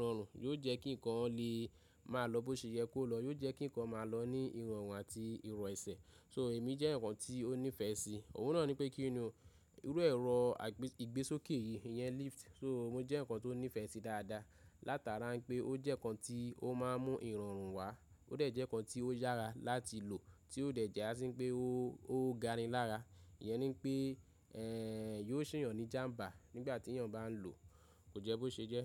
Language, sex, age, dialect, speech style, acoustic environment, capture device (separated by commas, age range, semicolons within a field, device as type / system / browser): Yoruba, male, 25-34, Nigeria - Ibadan, nervous, nature, mobile / Linux / Chrome